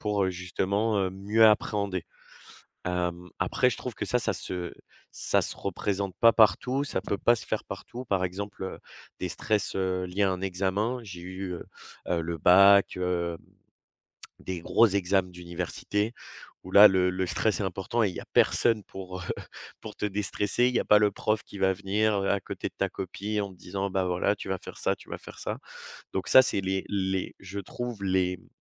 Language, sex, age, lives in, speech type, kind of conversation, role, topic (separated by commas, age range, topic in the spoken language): French, male, 20-24, France, conversation, podcast, guest, Comment gérer l’anxiété avant un examen ?